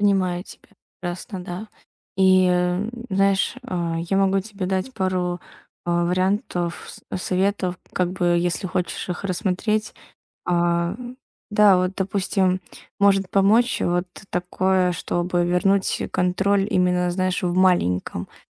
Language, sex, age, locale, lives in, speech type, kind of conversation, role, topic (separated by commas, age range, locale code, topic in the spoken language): Russian, female, 20-24, ru-RU, Estonia, conversation, advice, advisor, Как мне справиться с тревогой из-за финансовой неопределённости?